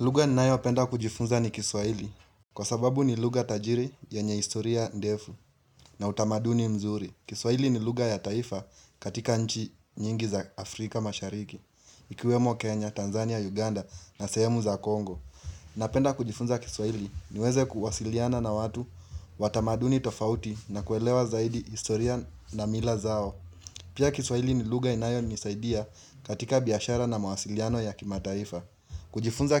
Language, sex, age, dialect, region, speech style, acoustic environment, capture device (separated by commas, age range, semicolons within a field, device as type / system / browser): Swahili, male, 18-24, Kenya - Nairobi Sheng-influenced, Kenya, relaxed; happy; excited; focused, nature, mobile / Linux / Chrome